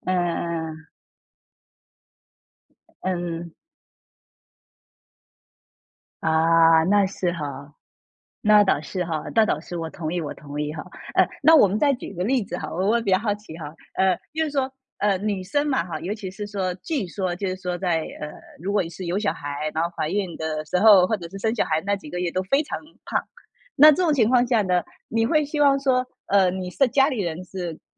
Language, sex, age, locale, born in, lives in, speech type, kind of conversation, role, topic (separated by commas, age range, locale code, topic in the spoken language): Chinese, female, 35-39, zh-TW, China, United States, conversation, podcast, host, 你通常会如何应对别人对你外貌的评价？